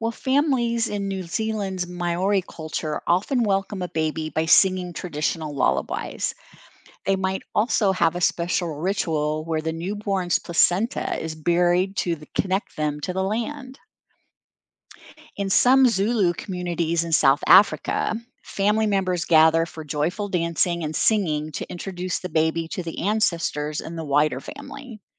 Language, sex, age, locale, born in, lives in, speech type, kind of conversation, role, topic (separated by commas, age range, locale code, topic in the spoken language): English, female, 60-64, en-US, United States, United States, read, expert-assistant, expert, What are the different traditions around the world for welcoming a newborn baby?